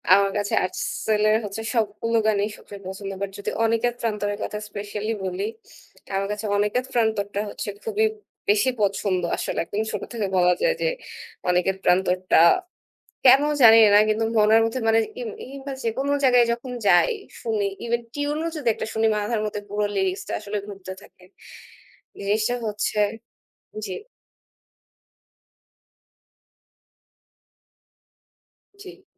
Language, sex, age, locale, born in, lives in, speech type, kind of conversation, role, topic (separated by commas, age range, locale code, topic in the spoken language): Bengali, female, 25-29, bn-BD, Bangladesh, Bangladesh, conversation, unstructured, none, কোন গান তোমাকে গতকালের মতো স্মৃতিতে ফিরিয়ে নিয়ে যায়?